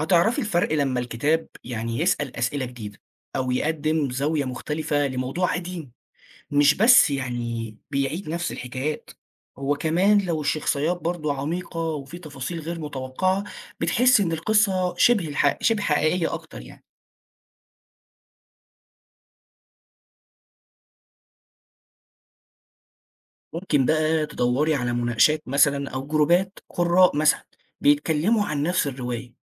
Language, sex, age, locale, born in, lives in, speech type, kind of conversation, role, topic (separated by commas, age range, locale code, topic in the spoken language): Arabic, male, 20-24, ar-EG, Egypt, Egypt, read, expert-assistant, expert, إزاي أقدر أطور نفسي في فهم الأدب المعاصر وأختار الكتب اللي توسع رؤيتي؟